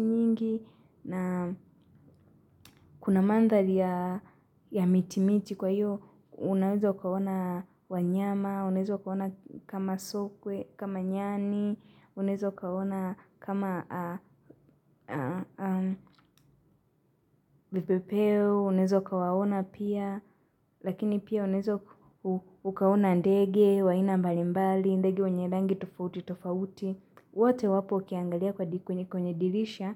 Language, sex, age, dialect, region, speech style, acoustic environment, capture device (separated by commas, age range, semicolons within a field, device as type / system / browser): Swahili, female, 18-24, Kenya - Nairobi Sheng-influenced, Kenya, happy; relaxed; nervous; excited; focused, silence; nature, mobile / Linux / Chrome